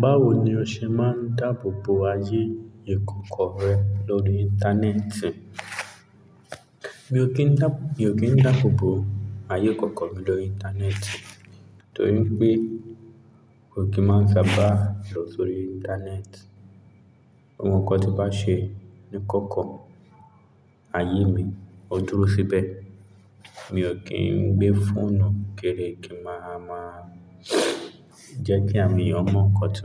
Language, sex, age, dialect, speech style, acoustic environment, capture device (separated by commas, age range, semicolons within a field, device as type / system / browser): Yoruba, male, 25-34, Nigeria - Lagos Yoruba, relaxed; focused; excited, silence, mobile / Linux / Chrome